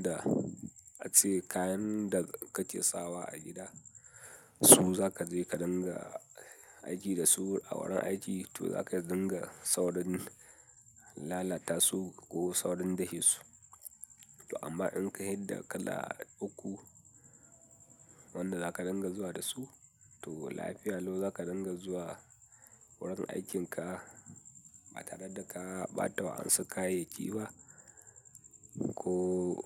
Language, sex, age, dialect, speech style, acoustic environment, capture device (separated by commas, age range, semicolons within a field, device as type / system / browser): Hausa, male, 18-24, Nigeria - Katsina, happy, people talking, mobile / Linux / Chrome